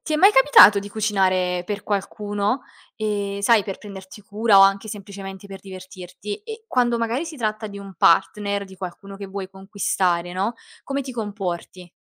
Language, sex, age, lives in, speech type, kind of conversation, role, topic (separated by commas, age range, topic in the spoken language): Italian, female, 20-24, Italy, conversation, podcast, host, Che cosa ti piace di più del cucinare per gli altri?